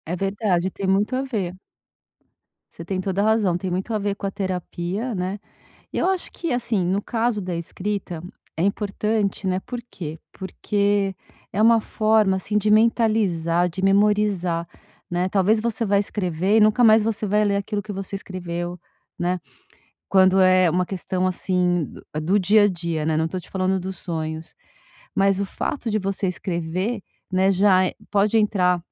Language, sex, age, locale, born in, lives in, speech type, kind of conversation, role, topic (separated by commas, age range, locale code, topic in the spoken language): Portuguese, female, 50-54, pt-BR, Brazil, France, conversation, advice, advisor, Como a escrita pode me ajudar a me conhecer melhor?